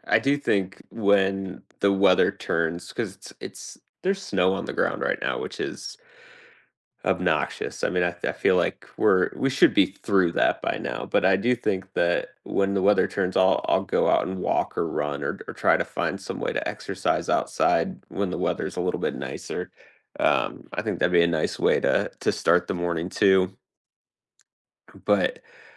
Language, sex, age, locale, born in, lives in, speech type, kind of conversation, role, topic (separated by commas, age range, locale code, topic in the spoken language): English, male, 35-39, en-US, United States, United States, conversation, unstructured, none, What is your favorite way to start the day?